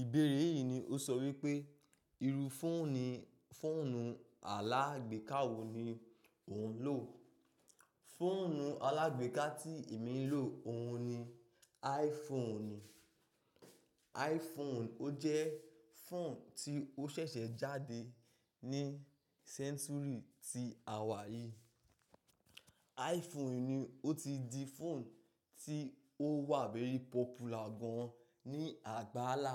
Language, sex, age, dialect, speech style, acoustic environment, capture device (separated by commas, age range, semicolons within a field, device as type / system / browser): Yoruba, male, 25-34, Nigeria - Ibadan, focused, nature, mobile / macOS / Safari